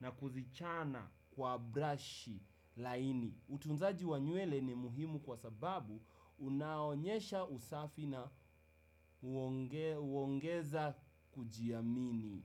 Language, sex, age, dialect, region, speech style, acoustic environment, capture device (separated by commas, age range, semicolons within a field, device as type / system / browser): Swahili, male, 18-24, Kenya - Nairobi Sheng-influenced, Kenya, happy, people talking; music; nature; silence; appliances; construction; traffic, desktop / Windows / Chrome